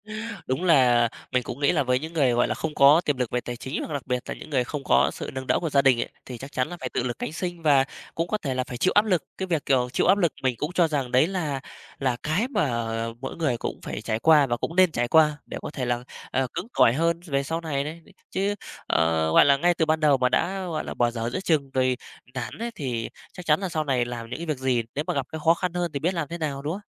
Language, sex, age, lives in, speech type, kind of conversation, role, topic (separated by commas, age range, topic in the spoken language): Vietnamese, male, 35-39, Vietnam, conversation, podcast, guest, Bạn đã từng chọn công việc vì tiền hay vì ý nghĩa?